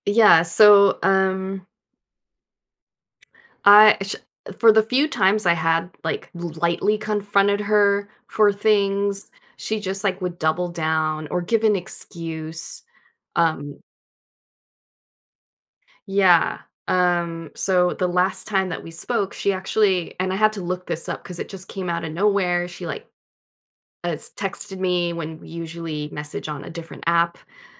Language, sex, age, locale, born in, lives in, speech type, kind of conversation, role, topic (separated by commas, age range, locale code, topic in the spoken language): English, female, 40-44, en-US, United States, United States, conversation, advice, user, How should I handle a disagreement with a close friend?